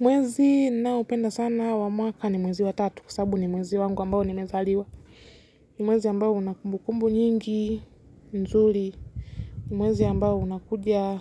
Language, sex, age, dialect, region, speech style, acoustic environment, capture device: Swahili, female, 18-24, Kenya - Nairobi Sheng-influenced, Kenya, relaxed; focused, nature, mobile / Linux / Chrome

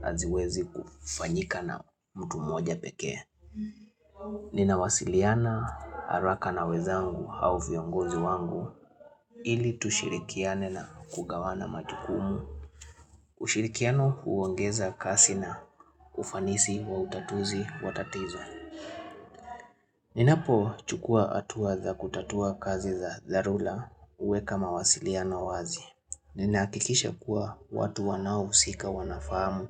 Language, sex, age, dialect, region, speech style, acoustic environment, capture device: Swahili, male, 25-34, Kenya - Nairobi Sheng-influenced, Kenya, relaxed; excited; happy, silence, mobile / Linux / Chrome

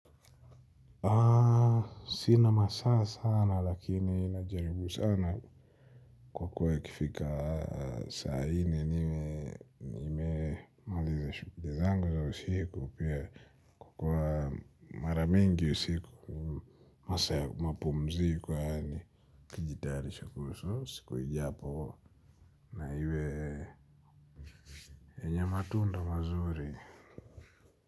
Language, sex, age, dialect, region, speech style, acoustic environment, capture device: Swahili, male, 25-34, Kenya - Nairobi Sheng-influenced, Kenya, anxious, nature, mobile / Linux / Chrome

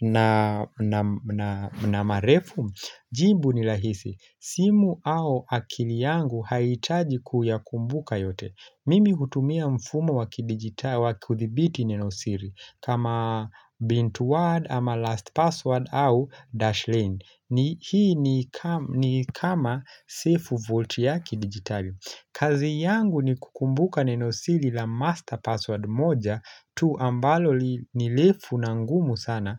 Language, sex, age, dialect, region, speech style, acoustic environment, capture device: Swahili, male, 25-34, Kenya - Nairobi Sheng-influenced, Kenya, relaxed, nature; silence, mobile / Linux / Chrome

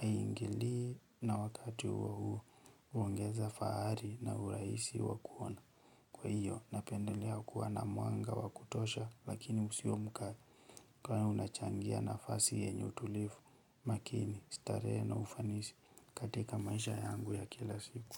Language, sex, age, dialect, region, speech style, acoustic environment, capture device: Swahili, male, 18-24, Kenya - Nairobi Sheng-influenced, Kenya, relaxed, nature, mobile / Linux / Chrome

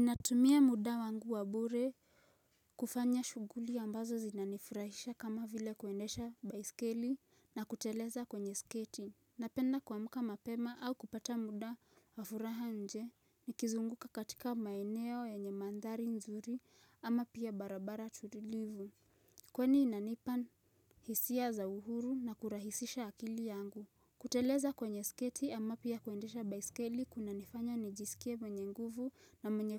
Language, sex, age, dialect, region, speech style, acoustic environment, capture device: Swahili, female, 18-24, Kenya - Nairobi Sheng-influenced, Kenya, relaxed, silence, mobile / Linux / Chrome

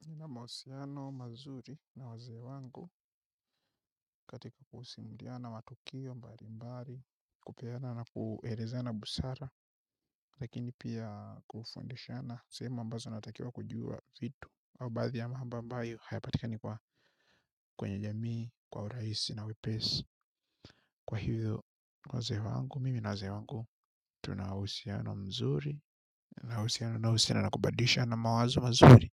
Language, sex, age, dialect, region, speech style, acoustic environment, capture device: Swahili, male, 25-34, Tanzania - Dar es Salaam, Tanzania, focused, silence; nature, mobile / Linux / Chrome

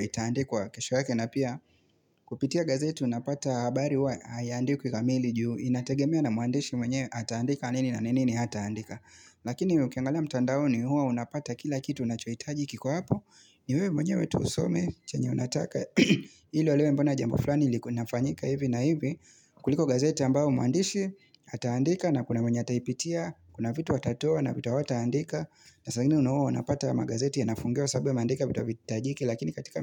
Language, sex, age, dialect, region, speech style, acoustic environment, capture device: Swahili, male, 25-34, Kenya - Mombasa, Kenya, relaxed, nature, mobile / Linux / Chrome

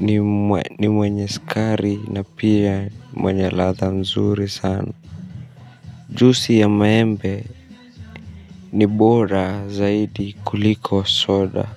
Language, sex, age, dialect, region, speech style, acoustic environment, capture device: Swahili, male, 25-34, Kenya - Nairobi Sheng-influenced, Kenya, stressed; nervous; tired, people talking; music, mobile / Linux / Chrome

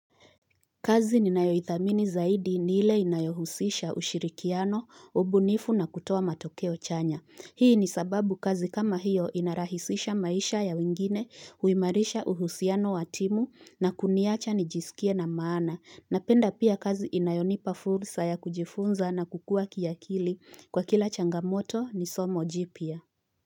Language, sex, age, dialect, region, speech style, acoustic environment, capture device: Swahili, female, 25-34, Kenya - Nairobi Sheng-influenced, Kenya, focused, appliances, mobile / Linux / Chrome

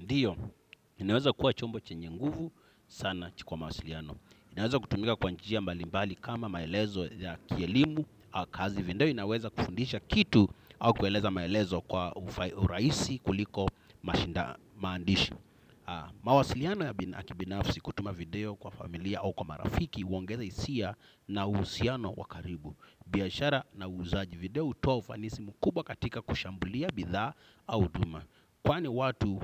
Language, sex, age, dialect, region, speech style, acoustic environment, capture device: Swahili, male, 25-34, Kenya - Mombasa, Kenya, happy, silence, desktop / Windows / Chrome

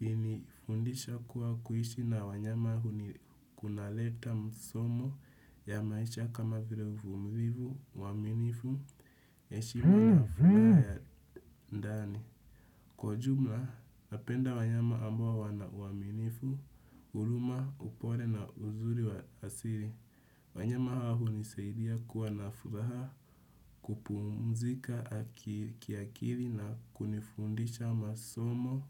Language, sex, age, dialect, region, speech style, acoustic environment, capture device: Swahili, male, 18-24, Kenya - Nairobi Sheng-influenced, Kenya, relaxed, nature, desktop / Windows / Chrome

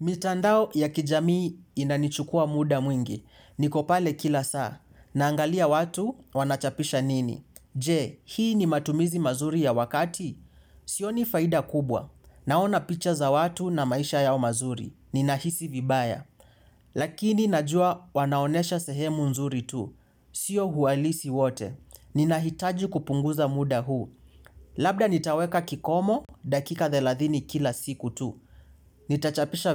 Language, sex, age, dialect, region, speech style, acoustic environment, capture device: Swahili, male, 18-24, Kenya - Mombasa, Kenya, focused, silence, mobile / Linux / Chrome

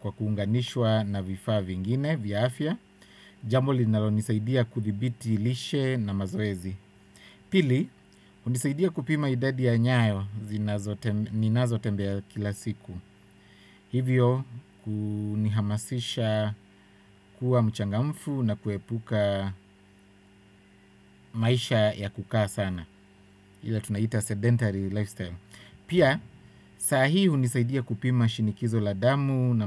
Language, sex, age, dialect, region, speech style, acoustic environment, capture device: Swahili, male, 25-34, Kenya - Nairobi Sheng-influenced, Kenya, relaxed; focused, silence, desktop / Windows / Chrome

